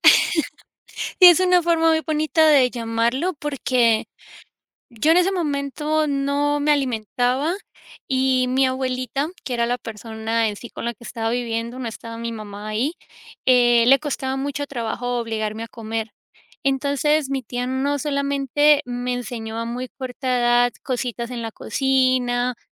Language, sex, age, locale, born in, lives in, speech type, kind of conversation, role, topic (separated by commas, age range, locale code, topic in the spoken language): Spanish, female, 35-39, es-CO, United States, United States, conversation, podcast, guest, ¿Tienes algún recuerdo de infancia ligado a una comida?